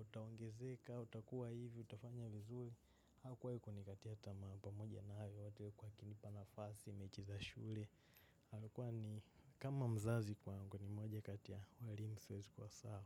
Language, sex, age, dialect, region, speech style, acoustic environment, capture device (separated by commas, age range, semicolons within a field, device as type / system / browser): Swahili, male, 18-24, Kenya - Nairobi Sheng-influenced, Kenya, happy, nature, mobile / macOS / Safari